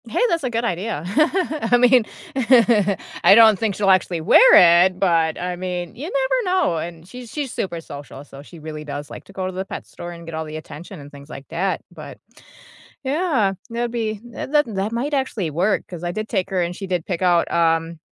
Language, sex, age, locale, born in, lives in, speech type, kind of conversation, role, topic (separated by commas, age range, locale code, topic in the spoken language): English, female, 35-39, en-US, United States, United States, conversation, unstructured, none, How do you make exercise fun in your daily life?